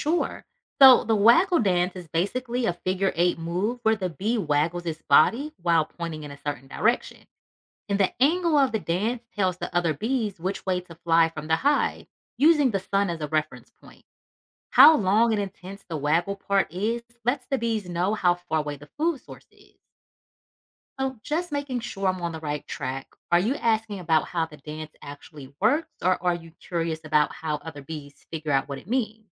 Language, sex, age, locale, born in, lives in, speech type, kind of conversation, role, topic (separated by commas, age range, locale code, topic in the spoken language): English, female, 35-39, en-US, United States, United States, read, expert-assistant, expert, How do bees communicate and share information?